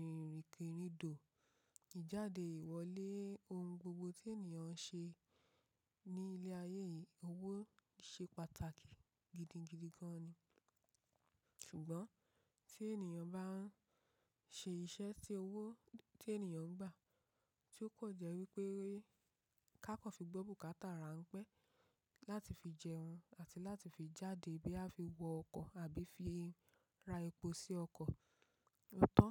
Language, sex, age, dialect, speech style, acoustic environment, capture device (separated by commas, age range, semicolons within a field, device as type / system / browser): Yoruba, female, 25-34, Nigeria - Ibadan, relaxed; focused, nature, mobile / macOS / Safari